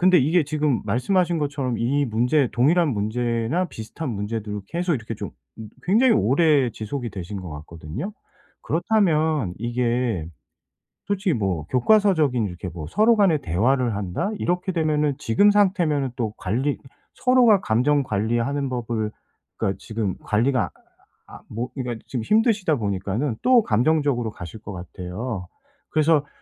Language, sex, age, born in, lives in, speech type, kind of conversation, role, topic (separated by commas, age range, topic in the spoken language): Korean, male, 45-49, South Korea, South Korea, conversation, advice, advisor, 감정이 갑자기 폭발할 때 어떻게 잘 다스릴 수 있나요?